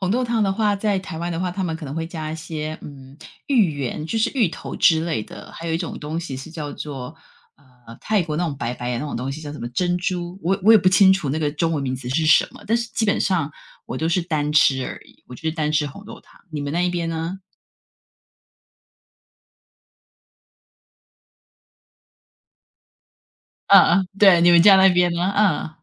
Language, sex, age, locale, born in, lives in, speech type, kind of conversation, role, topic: Chinese, female, 50-54, zh-TW, Taiwan, United States, conversation, unstructured, none, 你最喜欢的甜点是什么？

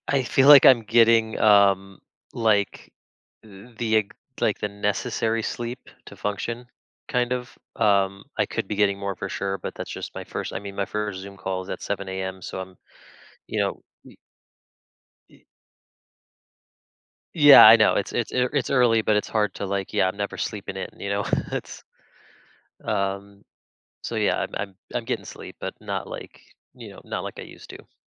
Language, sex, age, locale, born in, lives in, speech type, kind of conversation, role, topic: English, male, 35-39, en-US, United States, United States, conversation, advice, user, How can I be more spontaneous in my daily life?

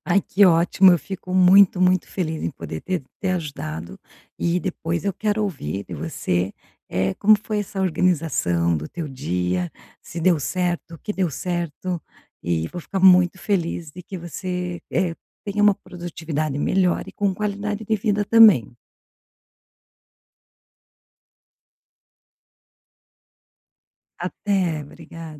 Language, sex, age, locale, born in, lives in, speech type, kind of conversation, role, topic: Portuguese, female, 45-49, pt-BR, Brazil, Portugal, conversation, advice, advisor, Como posso lidar melhor com interrupções frequentes no meu trabalho?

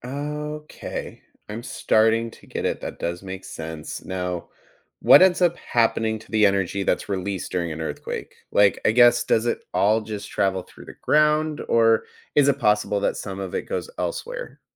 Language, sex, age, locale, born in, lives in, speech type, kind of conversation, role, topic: English, male, 30-34, en-US, United States, United States, read, expert-assistant, asker, What causes earthquakes beneath the Earth's surface?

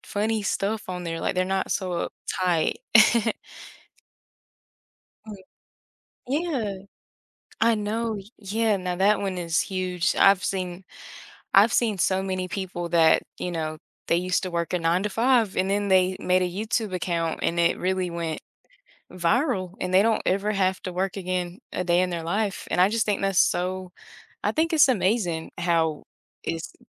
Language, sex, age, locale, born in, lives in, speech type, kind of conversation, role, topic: English, female, 20-24, en-US, United States, United States, conversation, podcast, guest, In what ways has technology influenced the way we connect and interact with others?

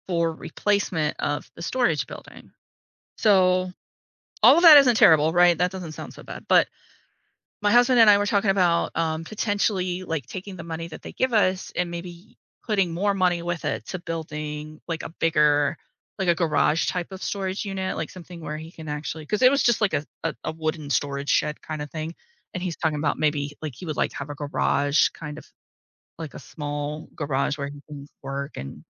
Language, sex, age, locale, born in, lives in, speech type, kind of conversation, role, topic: English, female, 40-44, en-US, United States, United States, conversation, advice, user, How can I prepare for unexpected expenses?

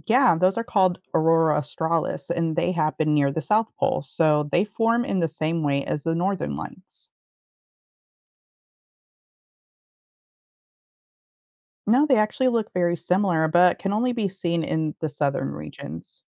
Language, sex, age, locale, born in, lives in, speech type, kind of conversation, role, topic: English, female, 35-39, en-US, United States, United States, read, expert-assistant, expert, What makes the northern lights appear in the sky?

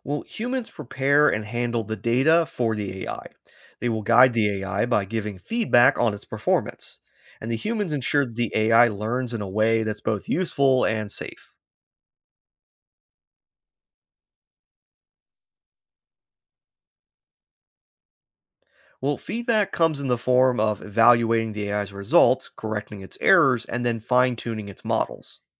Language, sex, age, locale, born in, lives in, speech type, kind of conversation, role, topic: English, male, 30-34, en-US, United States, United States, read, expert-assistant, expert, Explain how artificial intelligence learns from data.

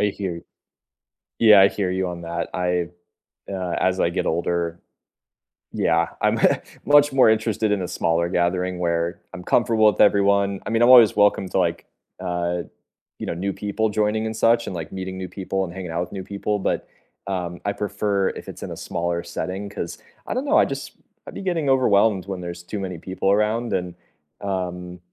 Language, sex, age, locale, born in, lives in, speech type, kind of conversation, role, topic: English, male, 25-29, en-US, United States, United States, conversation, unstructured, none, How do you like to celebrate holidays with your family?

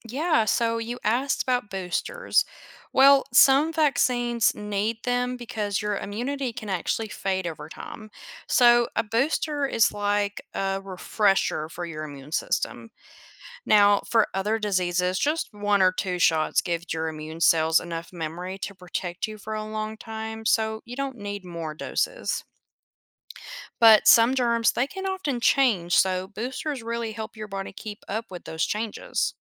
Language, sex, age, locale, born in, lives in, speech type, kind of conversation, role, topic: English, female, 25-29, en-US, United States, United States, read, expert-assistant, expert, How do vaccines help prevent diseases?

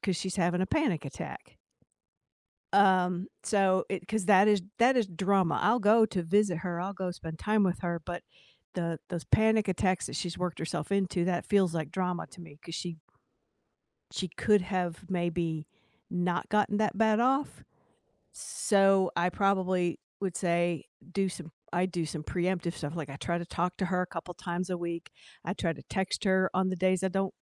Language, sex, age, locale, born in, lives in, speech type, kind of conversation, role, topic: English, female, 60-64, en-US, United States, United States, conversation, unstructured, none, How do you handle recurring family drama?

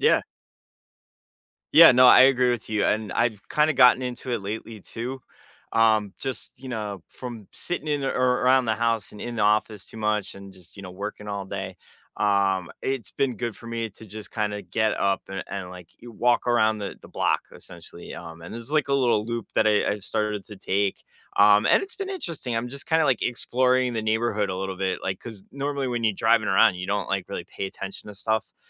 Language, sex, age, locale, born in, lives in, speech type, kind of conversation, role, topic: English, male, 40-44, en-US, United States, United States, conversation, unstructured, none, What small daily habits make you feel your best?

